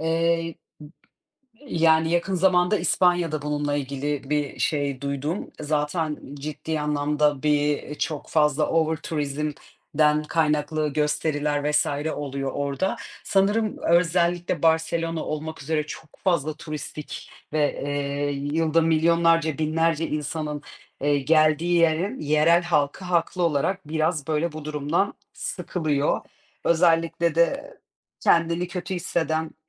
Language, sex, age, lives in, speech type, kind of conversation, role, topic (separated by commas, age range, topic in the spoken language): Turkish, female, 30-34, Portugal, conversation, unstructured, none, Turistlerin bazı yerlerde neden kötü karşılandığını hiç düşündün mü?